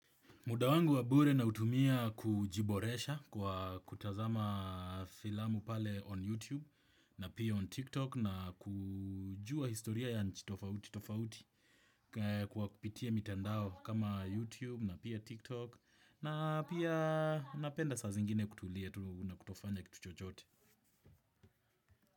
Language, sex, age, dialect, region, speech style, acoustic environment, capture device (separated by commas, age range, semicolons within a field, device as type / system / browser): Swahili, male, 25-34, Kenya - Nairobi Sheng-influenced, Kenya, happy, appliances, desktop / Windows / Chrome